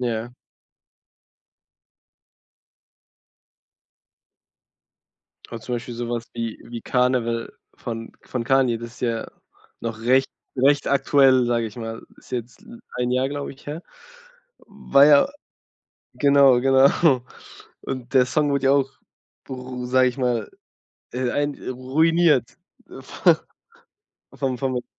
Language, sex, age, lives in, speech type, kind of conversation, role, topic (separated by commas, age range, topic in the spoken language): German, male, 20-24, Germany, conversation, podcast, host, Wie bringst du unterschiedliche Musikgeschmäcker unter einen Hut?